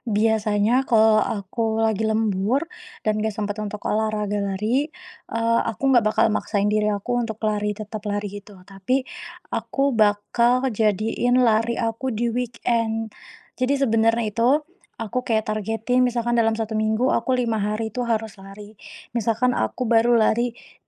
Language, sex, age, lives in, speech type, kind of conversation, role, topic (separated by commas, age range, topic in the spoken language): Indonesian, female, 25-29, Indonesia, conversation, podcast, guest, Bagaimana kamu mengatur waktu antara pekerjaan dan hobi?